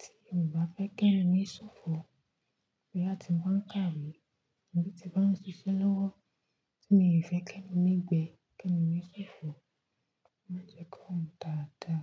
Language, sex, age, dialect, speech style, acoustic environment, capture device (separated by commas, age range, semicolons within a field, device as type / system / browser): Yoruba, female, 25-34, Nigeria - Nigerian Standard, relaxed, nature, mobile / Linux / Chrome